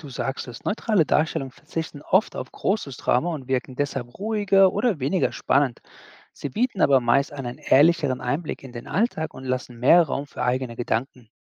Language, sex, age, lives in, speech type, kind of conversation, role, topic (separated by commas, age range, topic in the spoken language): German, male, 40-44, United States, read, expert-assistant, expert, Wie erkenne ich, ob Informationen über Religionen neutral oder einseitig dargestellt werden?